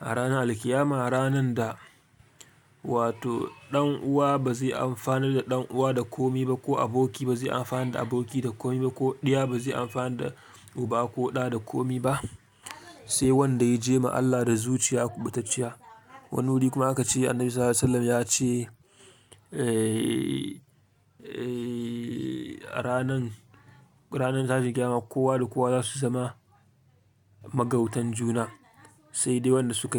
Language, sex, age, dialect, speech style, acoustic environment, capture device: Hausa, male, 25-34, Nigeria - Kano, excited, silence, mobile / Linux / Chrome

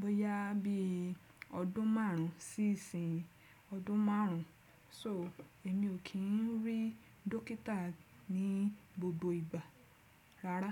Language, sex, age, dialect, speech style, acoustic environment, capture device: Yoruba, female, 35-44, Nigeria - Lagos Yoruba, happy; relaxed; excited; focused, nature; silence, desktop / Windows / Chrome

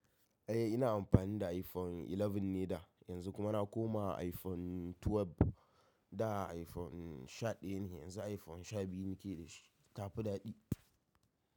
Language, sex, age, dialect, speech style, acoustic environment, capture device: Hausa, male, 18-24, Nigeria - Kano, happy; stressed; tired, silence, mobile / macOS / Safari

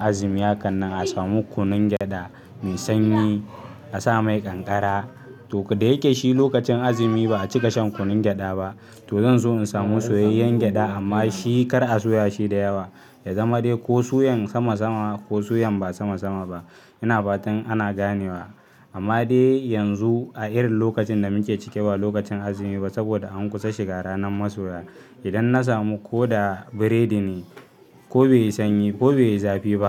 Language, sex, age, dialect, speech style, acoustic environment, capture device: Hausa, male, 18-24, Nigeria - Kano, focused, people talking, mobile / Linux / Chrome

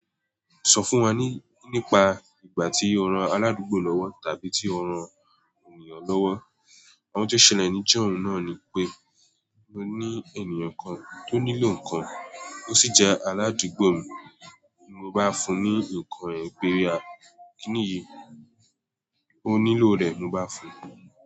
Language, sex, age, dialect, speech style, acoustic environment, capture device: Yoruba, male, 18-24, Nigeria - Nigerian Standard, relaxed, silence, mobile / Android / Chrome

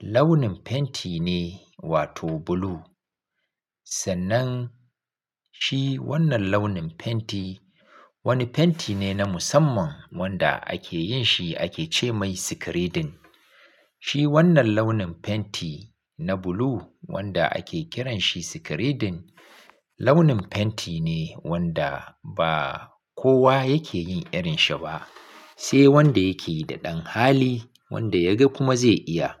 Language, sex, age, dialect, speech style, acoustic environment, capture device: Hausa, male, 35-44, Nigeria - Kano, focused; relaxed, silence, mobile / Linux / Chrome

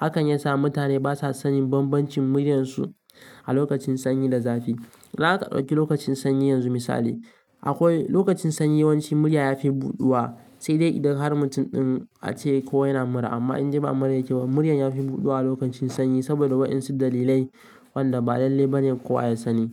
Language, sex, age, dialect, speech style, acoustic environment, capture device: Hausa, male, 18-24, Nigeria - Kano, relaxed; focused, nature, mobile / Linux / Chrome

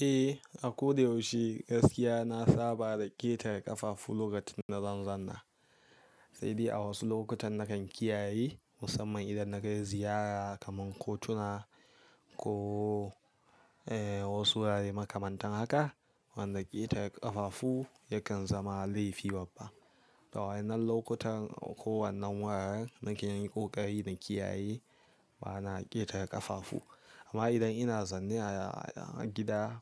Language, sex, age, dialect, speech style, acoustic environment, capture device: Hausa, male, 18-24, Nigeria - Kano, relaxed; focused, silence; nature, mobile / Linux / Chrome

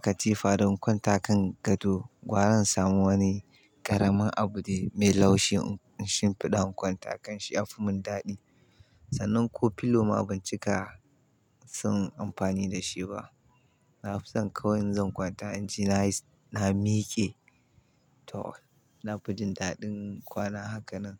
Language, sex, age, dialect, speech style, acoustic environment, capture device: Hausa, male, 25-34, Nigeria - Kano, happy, silence; nature, mobile / Linux / Chrome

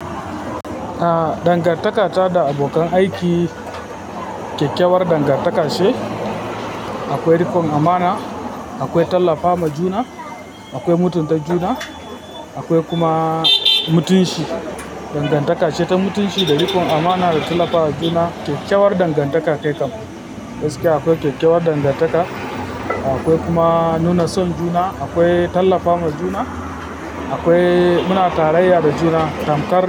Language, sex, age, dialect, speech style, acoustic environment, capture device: Hausa, female, 25-34, Nigeria - Kano, happy; focused; relaxed, people talking; traffic, mobile / Linux / Chrome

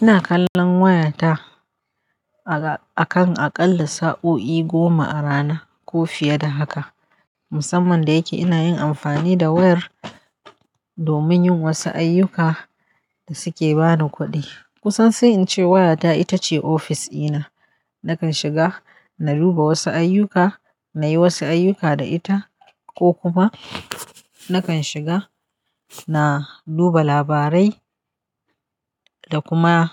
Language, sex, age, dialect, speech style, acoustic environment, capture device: Hausa, female, 35-44, Nigeria - Kano, happy; tired, silence; nature, mobile / Linux / Chrome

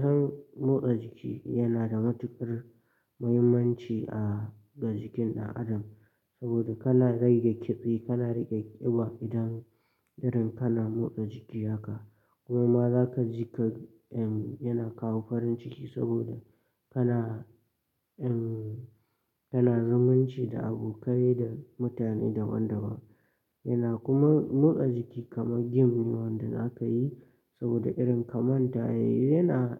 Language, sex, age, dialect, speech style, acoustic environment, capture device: Hausa, male, 18-24, Nigeria - Katsina, relaxed, silence, mobile / Linux / Chrome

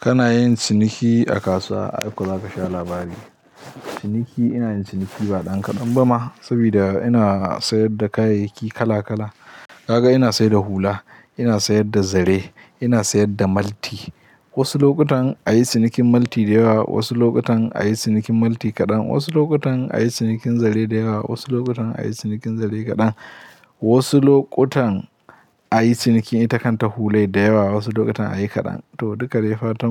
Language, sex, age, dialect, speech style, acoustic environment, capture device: Hausa, male, 18-24, Nigeria - Katsina, happy; anxious; tired; relaxed; nervous; excited; focused; stressed, people talking; music; nature; silence; traffic; construction; appliances, mobile / Linux / Chrome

